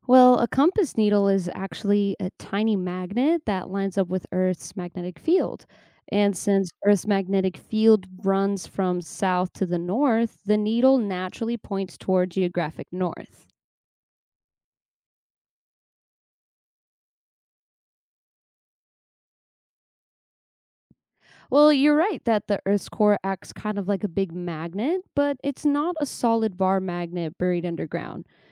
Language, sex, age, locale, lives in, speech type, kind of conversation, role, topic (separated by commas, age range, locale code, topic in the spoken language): English, female, 25-29, en-US, United States, read, expert-assistant, expert, How do compasses and animals use Earth's magnetic field for navigation?